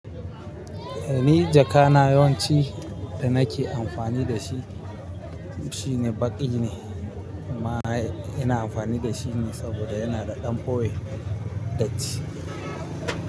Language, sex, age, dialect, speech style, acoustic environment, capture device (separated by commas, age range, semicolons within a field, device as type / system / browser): Hausa, male, 25-34, Nigeria - Kano, focused, people talking, mobile / Linux / Chrome